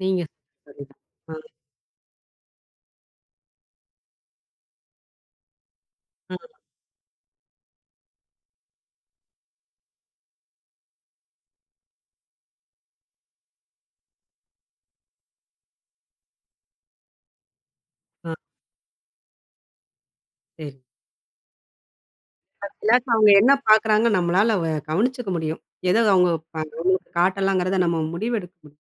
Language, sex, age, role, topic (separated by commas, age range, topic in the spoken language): Tamil, female, 40-44, host, பொதுவாக உணவு உண்ணும் போது கைப்பேசி பயன்படுத்துவது குடும்ப உறவுகளை எப்படிப் பாதிக்கிறது?